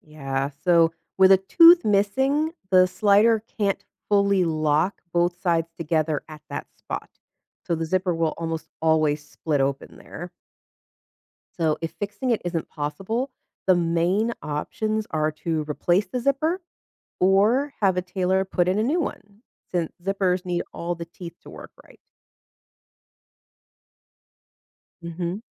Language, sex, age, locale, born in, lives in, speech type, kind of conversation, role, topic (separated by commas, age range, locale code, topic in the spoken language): English, female, 35-39, en-US, United States, United States, read, expert-assistant, expert, How does a zipper work?